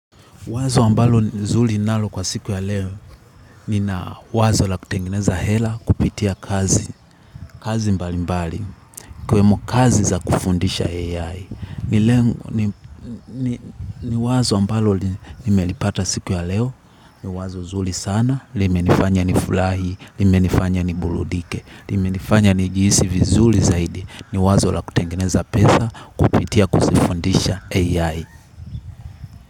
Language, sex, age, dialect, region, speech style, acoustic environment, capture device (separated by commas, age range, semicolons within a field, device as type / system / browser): Swahili, male, 18-24, Tanzania - Dar es Salaam, Tanzania, happy; relaxed; focused; excited, people talking; nature, mobile / Linux / Chrome